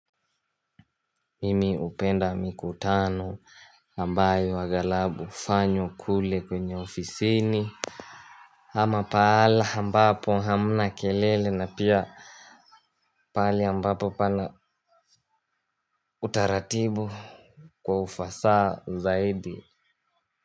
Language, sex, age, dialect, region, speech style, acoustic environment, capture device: Swahili, male, 18-24, Kenya - Mombasa, Kenya, happy, nature; silence, mobile / Linux / Chrome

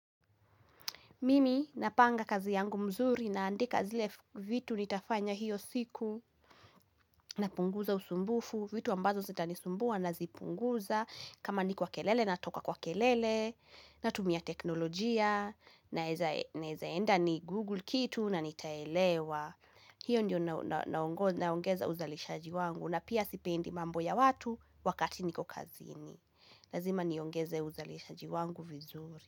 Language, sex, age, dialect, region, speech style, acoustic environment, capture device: Swahili, female, 18-24, Kenya - Kiambu, Kenya, happy, nature, mobile / Linux / Chrome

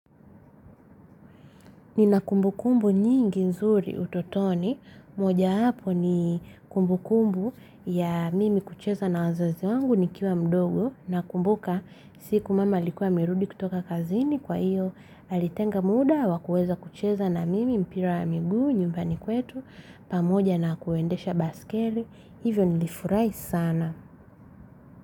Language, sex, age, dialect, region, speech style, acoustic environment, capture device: Swahili, female, 25-34, Tanzania - Dar es Salaam, Tanzania, happy; relaxed; focused, silence, mobile / Linux / Chrome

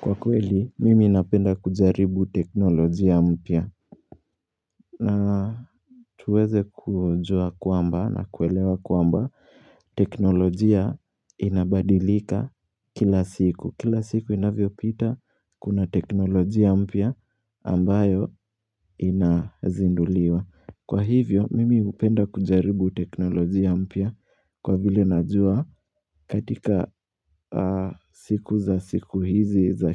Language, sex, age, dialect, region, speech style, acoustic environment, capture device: Swahili, male, 18-24, Kenya - Nairobi Sheng-influenced, Kenya, happy; relaxed; focused, silence; appliances; nature; people talking, mobile / Linux / Chrome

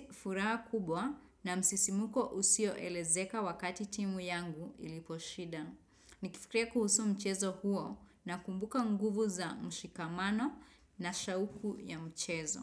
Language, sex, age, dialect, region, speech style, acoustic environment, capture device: Swahili, female, 25-34, Kenya - Nairobi Sheng-influenced, Kenya, excited; relaxed; focused, nature, desktop / Windows / Chrome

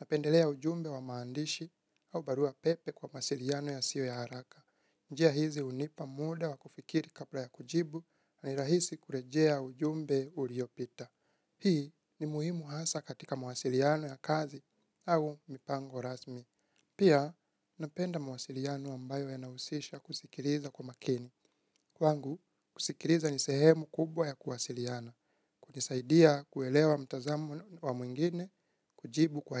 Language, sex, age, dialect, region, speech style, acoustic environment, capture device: Swahili, male, 25-34, Kenya - Nairobi Sheng-influenced, Kenya, relaxed, silence, mobile / Linux / Chrome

